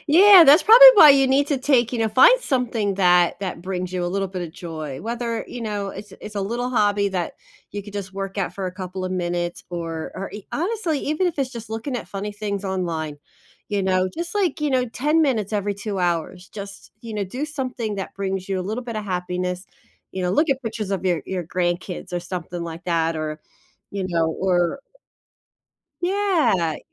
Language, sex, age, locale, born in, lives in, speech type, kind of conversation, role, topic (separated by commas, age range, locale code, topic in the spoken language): English, female, 45-49, en-US, United States, United States, conversation, advice, advisor, How can I manage overwhelming responsibilities?